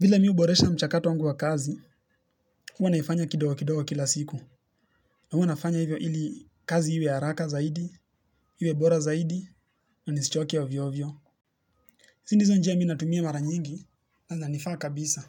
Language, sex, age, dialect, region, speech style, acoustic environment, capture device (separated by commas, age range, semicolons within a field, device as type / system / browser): Swahili, male, 18-24, Kenya - Nairobi Sheng-influenced, Kenya, relaxed, nature, mobile / Linux / Chrome